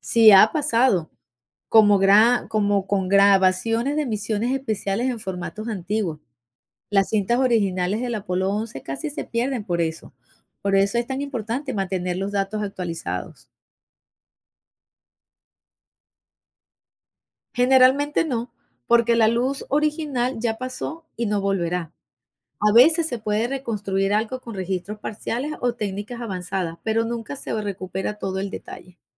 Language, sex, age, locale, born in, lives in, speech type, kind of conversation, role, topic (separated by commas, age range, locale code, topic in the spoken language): Spanish, female, 55-59, es-VE, Venezuela, United States, read, expert-assistant, expert, ¿Por qué el universo sigue expandiéndose?